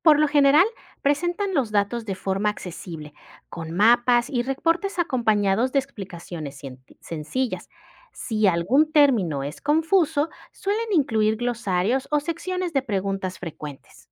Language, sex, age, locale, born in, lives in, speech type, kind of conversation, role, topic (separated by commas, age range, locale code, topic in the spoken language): Spanish, female, 35-39, es-MX, Mexico, Mexico, read, expert-assistant, expert, ¿Cómo identificar fácilmente las zonas con mayor actividad económica en una ciudad?